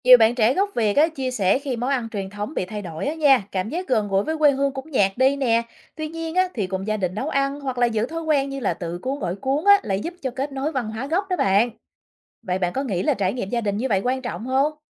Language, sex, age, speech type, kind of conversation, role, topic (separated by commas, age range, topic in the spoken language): Vietnamese, female, 35-39, read, expert-assistant, expert, Bạn có thể đưa ra một ví dụ thực tế về cách toàn cầu hóa ảnh hưởng đến ẩm thực truyền thống Việt Nam không?